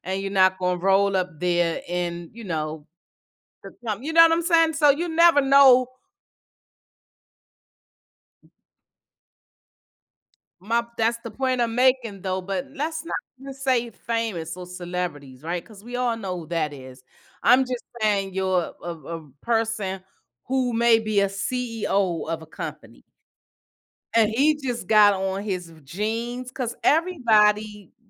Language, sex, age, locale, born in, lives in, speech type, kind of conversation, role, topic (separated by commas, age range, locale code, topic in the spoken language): English, female, 55-59, en-US, United States, United States, conversation, podcast, host, Why do you think sports have the power to unite people from different backgrounds?